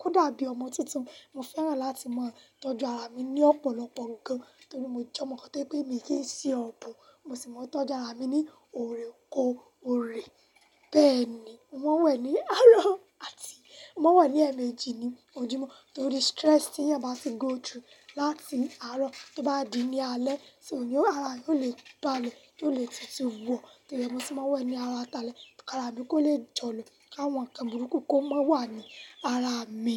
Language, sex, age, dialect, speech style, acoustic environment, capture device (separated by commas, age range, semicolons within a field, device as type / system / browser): Yoruba, female, 18-24, Nigeria - Lagos Yoruba, happy; nervous, silence, mobile / Linux / Chrome